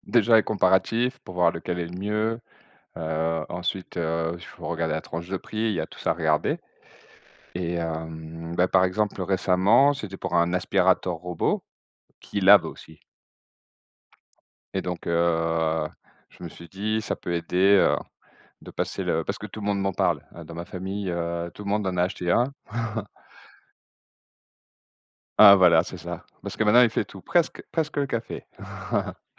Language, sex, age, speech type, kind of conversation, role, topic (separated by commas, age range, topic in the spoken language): French, male, 40-44, conversation, unstructured, none, Quelle est votre stratégie pour négocier un meilleur prix ?